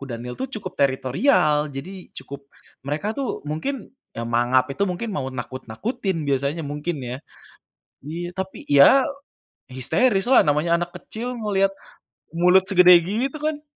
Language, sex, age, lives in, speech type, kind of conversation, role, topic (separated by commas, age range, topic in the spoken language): Indonesian, male, 25-29, Indonesia, conversation, podcast, host, Apa pengalaman paling berkesan yang pernah kamu alami bersama keluarga?